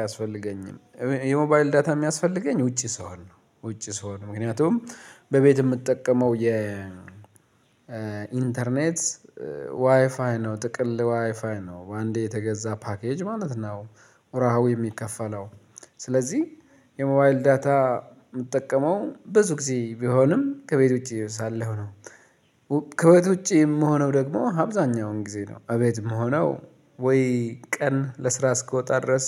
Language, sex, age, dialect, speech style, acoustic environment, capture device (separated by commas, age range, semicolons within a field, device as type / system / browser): Amharic, male, 35-44, Ethiopia - Addis Ababa, relaxed; focused, silence, mobile / Linux / Chrome